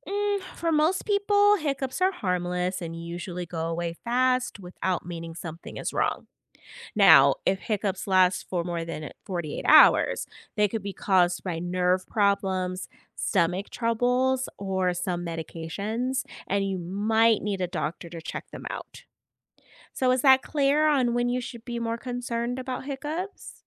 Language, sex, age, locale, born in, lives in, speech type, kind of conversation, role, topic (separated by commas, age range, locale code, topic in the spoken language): English, female, 40-44, en-US, United States, United States, read, expert-assistant, expert, What are hiccups and how can they be managed?